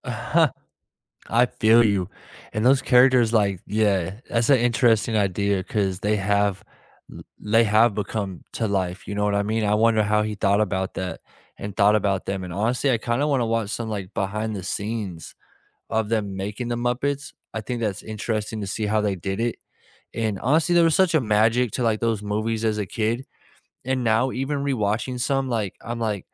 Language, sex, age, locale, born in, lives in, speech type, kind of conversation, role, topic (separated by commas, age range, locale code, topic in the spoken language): English, male, 25-29, en-US, United States, United States, conversation, unstructured, none, Which childhood classic—book, movie, show, or game—still holds up for you today, and why?